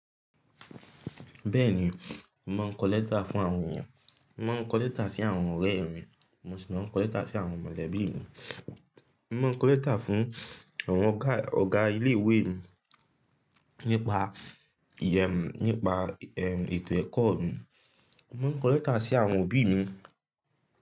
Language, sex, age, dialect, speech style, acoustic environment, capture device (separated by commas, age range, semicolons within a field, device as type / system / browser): Yoruba, male, 18-24, Nigeria - Ibadan, focused, silence, mobile / Linux / Chrome